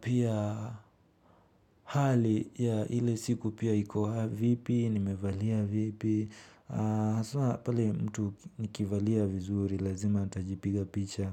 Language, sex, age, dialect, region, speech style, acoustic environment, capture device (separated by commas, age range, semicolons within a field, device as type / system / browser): Swahili, male, 18-24, Kenya - Nairobi Sheng-influenced, Kenya, relaxed, nature, mobile / Linux / Chrome